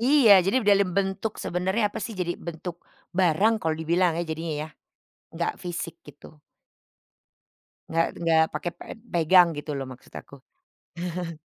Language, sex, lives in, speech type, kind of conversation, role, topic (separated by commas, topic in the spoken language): Indonesian, female, Netherlands, conversation, podcast, guest, Bagaimana cara mengajarkan anak tentang bahasa cinta tanpa memaksanya?